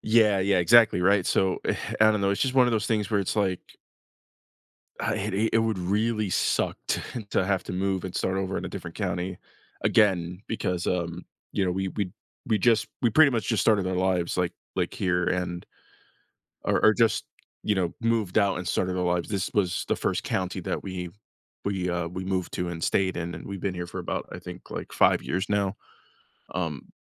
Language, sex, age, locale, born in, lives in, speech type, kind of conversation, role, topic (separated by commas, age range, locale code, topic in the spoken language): English, male, 25-29, en-US, United States, United States, conversation, advice, user, How can I reduce stress and get control of my bills and finances?